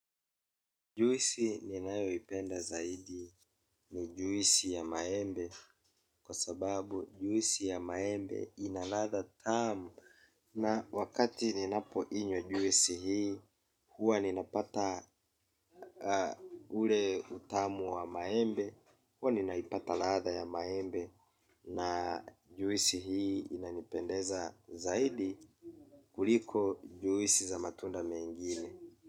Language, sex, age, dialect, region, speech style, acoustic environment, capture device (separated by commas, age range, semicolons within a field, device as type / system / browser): Swahili, male, 25-34, Kenya - Mombasa, Kenya, relaxed, people talking; silence, mobile / Linux / Chrome